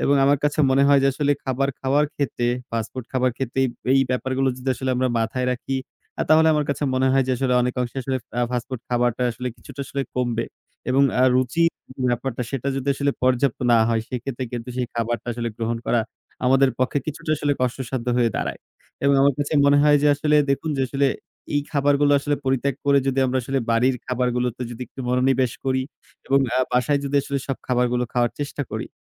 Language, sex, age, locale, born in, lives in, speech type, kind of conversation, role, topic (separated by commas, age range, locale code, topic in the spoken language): Bengali, male, 20-24, bn-BD, Bangladesh, Bangladesh, conversation, unstructured, none, আপনি কি মনে করেন দ্রুত প্রস্তুত খাবার আমাদের স্বাস্থ্যকে ক্ষতিগ্রস্ত করছে?